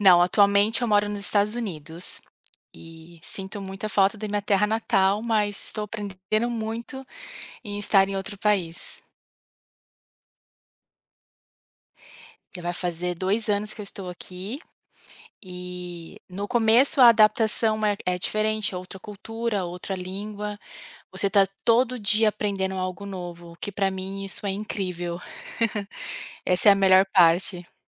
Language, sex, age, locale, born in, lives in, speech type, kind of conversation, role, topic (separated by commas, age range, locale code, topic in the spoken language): Portuguese, female, 35-39, pt-BR, Brazil, United States, conversation, podcast, guest, Que perspectiva você ganhou ao conhecer lugares diferentes?